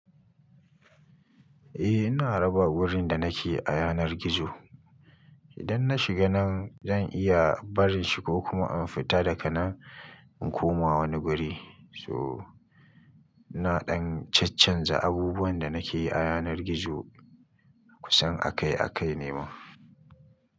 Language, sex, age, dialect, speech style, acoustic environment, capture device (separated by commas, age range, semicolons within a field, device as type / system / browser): Hausa, male, 25-34, Nigeria - Kano, happy, nature, mobile / Linux / Chrome